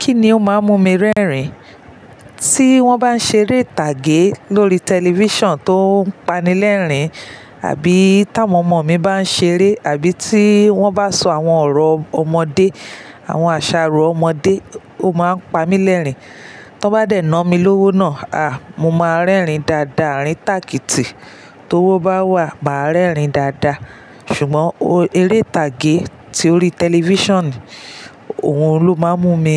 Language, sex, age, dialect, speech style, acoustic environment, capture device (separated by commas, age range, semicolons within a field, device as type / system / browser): Yoruba, female, 35-44, Nigeria - Lagos Yoruba, relaxed, silence, mobile / Linux / Chrome